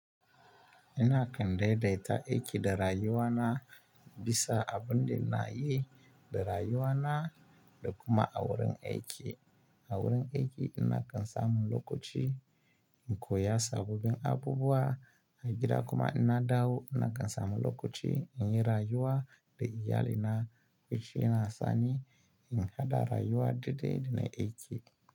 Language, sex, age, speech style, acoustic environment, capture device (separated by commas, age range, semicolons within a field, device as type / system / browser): Hausa, male, 25-34, happy; relaxed, silence; nature, mobile / Linux / Chrome